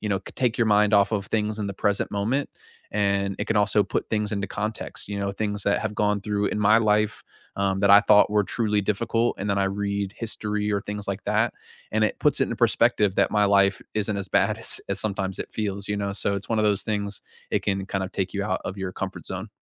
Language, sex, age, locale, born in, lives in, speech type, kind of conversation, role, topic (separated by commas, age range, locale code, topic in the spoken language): English, male, 55-59, en-US, United States, United States, conversation, unstructured, none, What new hobbies have you started lately, and what hobby are you most excited to try next—and why?